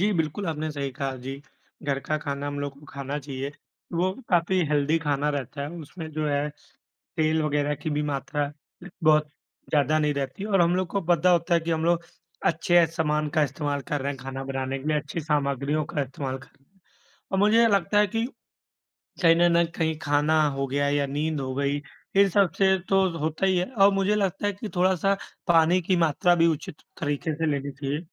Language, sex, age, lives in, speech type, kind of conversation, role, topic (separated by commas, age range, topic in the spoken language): Hindi, male, 25-29, India, conversation, unstructured, none, स्वस्थ वजन बनाए रखने के लिए सबसे ज़रूरी क्या है?